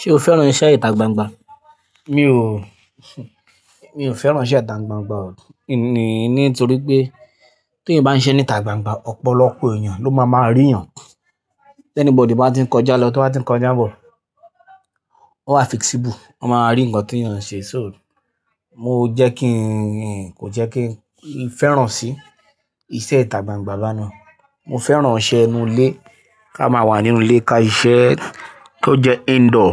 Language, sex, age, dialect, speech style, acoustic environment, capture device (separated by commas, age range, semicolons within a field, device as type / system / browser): Yoruba, male, 25-34, Nigeria - Ibadan, happy; anxious, nature, mobile / Linux / Chrome